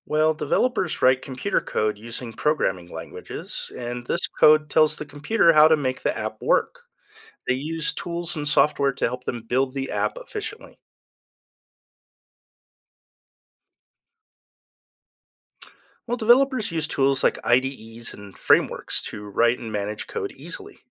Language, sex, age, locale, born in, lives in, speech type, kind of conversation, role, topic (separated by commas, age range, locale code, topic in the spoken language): English, male, 50-54, en-US, United States, United States, read, expert-assistant, expert, Can you describe how an app is developed?